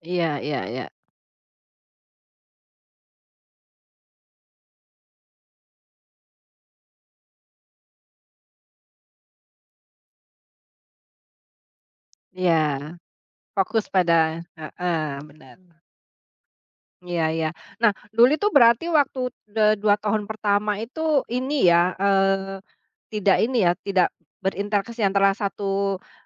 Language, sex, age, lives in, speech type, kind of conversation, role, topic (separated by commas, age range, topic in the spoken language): Indonesian, female, 45-49, Indonesia, conversation, podcast, host, Bagaimana cara menjaga keintiman dengan pasangan setelah memiliki anak?